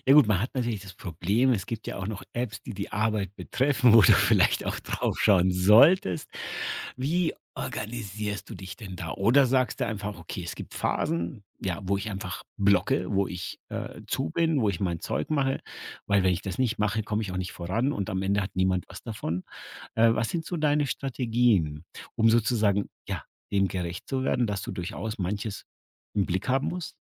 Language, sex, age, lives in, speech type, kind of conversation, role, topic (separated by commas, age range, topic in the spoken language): German, male, 50-54, Germany, conversation, podcast, host, Wie gehst du mit Benachrichtigungen um?